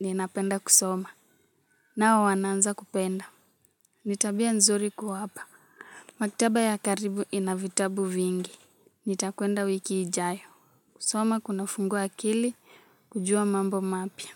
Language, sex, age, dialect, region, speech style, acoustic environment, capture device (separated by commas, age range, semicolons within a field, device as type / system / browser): Swahili, female, 18-24, Kenya - Nairobi Sheng-influenced, Kenya, relaxed; happy; excited; focused, music; nature; appliances, mobile / Linux / Chrome